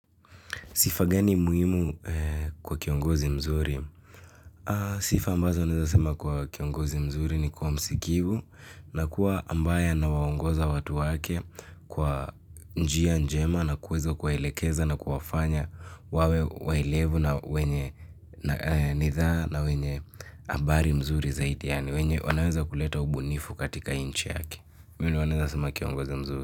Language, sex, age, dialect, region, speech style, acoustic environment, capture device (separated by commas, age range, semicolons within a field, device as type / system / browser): Swahili, male, 18-24, Kenya - Nairobi Sheng-influenced, Kenya, happy; excited, nature; silence, mobile / Linux / Chrome